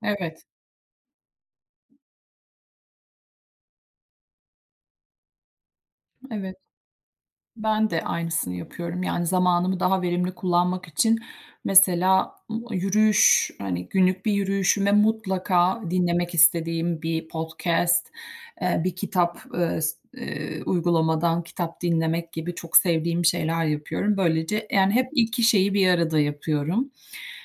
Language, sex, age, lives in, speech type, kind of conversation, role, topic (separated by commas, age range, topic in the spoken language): Turkish, female, 45-49, United States, conversation, unstructured, none, Zaman yönetimi becerilerinizi nasıl geliştirmek istersiniz?